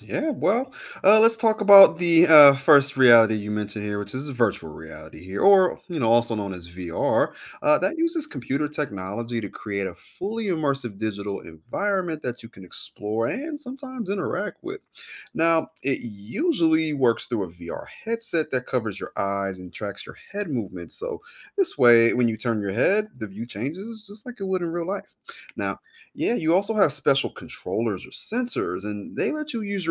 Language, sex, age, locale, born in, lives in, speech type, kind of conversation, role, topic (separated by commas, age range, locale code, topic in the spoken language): English, male, 30-34, en-US, United States, United States, read, expert-assistant, expert, What is virtual reality and how does it work?